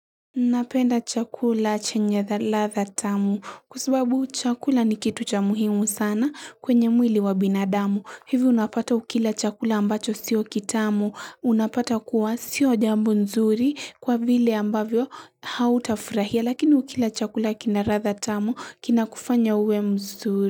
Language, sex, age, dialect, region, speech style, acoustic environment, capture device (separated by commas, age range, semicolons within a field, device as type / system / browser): Swahili, female, 18-24, Kenya - Mombasa, Kenya, happy; anxious, silence, mobile / Linux / Chrome